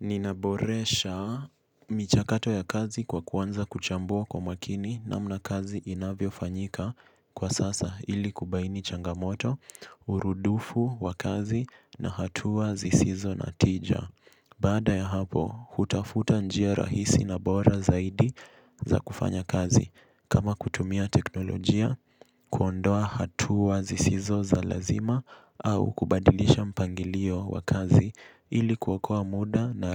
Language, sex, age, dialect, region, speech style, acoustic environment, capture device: Swahili, male, 18-24, Kenya - Mombasa, Kenya, relaxed; focused, silence; appliances, mobile / Linux / Chrome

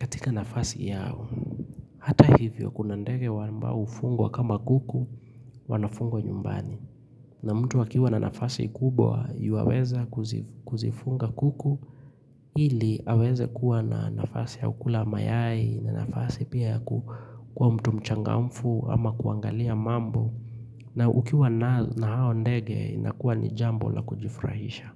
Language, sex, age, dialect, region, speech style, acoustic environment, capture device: Swahili, male, 25-34, Kenya - Nairobi Sheng-influenced, Kenya, happy; relaxed, silence, mobile / Linux / Chrome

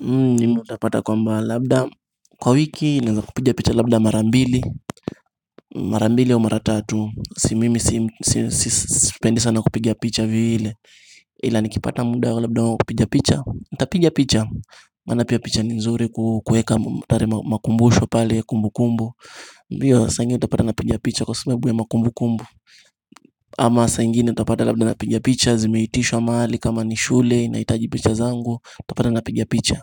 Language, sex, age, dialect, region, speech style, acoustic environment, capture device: Swahili, male, 18-24, Kenya - Mombasa, Kenya, happy; anxious; excited, people talking; music; nature; traffic, mobile / Linux / Chrome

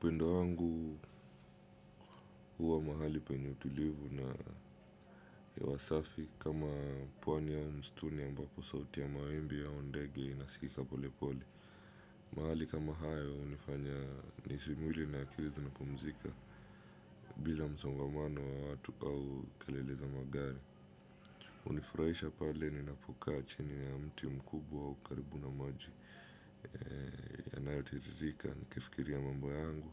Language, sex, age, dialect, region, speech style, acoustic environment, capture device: Swahili, male, 25-34, Kenya - Mombasa, Kenya, happy; relaxed; focused, silence; nature; appliances, desktop / Windows / Chrome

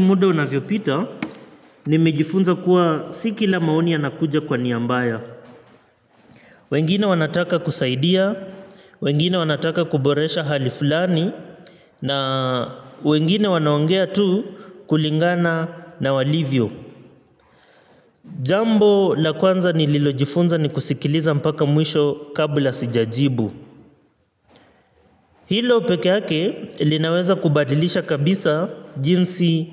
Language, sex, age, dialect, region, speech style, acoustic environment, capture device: Swahili, male, 25-34, Kenya - Mombasa, Kenya, focused; relaxed, nature, mobile / Linux / Chrome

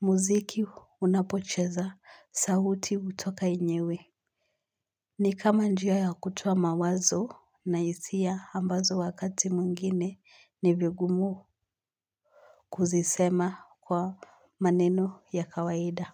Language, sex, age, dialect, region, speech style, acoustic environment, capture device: Swahili, female, 18-24, Kenya - Nairobi Sheng-influenced, Kenya, excited; focused; happy, silence, mobile / Linux / Chrome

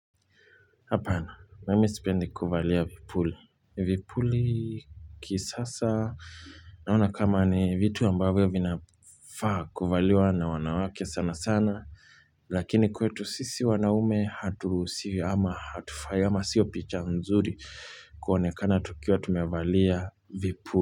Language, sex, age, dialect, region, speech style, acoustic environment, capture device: Swahili, male, 25-34, Kenya - Nairobi Sheng-influenced, Kenya, happy, silence, mobile / Linux / Chrome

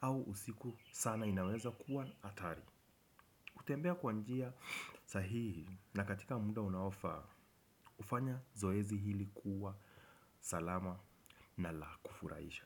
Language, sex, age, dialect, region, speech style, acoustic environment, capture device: Swahili, male, 25-34, Kenya - Nairobi Sheng-influenced, Kenya, focused, nature, desktop / Windows / Chrome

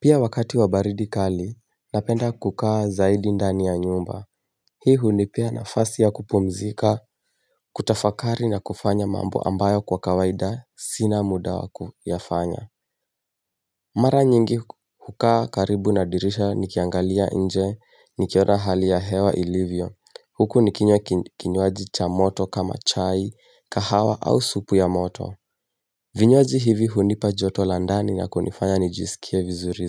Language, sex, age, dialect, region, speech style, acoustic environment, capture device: Swahili, male, 18-24, Kenya - Mombasa, Kenya, excited, nature; silence, mobile / Linux / Chrome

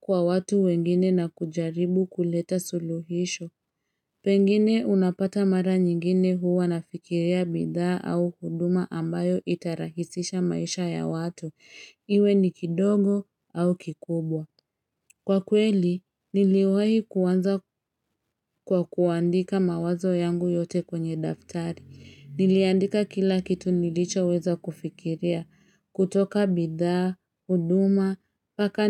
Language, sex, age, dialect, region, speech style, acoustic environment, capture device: Swahili, female, 25-34, Kenya - Nairobi Sheng-influenced, Kenya, happy; excited, people talking; traffic, mobile / Linux / Chrome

